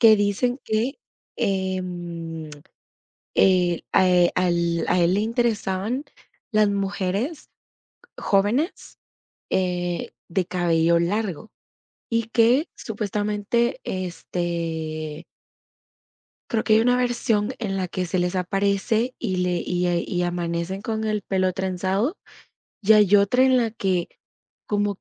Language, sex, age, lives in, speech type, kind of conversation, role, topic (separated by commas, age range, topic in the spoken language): Spanish, female, 18-19, United States, conversation, unstructured, none, ¿Qué mito o leyenda de tu cultura recuerdas bien?